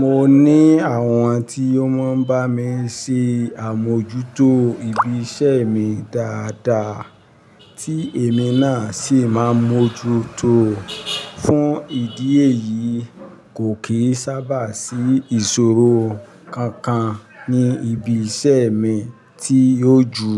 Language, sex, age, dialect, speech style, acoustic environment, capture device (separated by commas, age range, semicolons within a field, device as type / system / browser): Yoruba, male, 45-54, Nigeria - Ibadan, happy, traffic, mobile / Linux / Chrome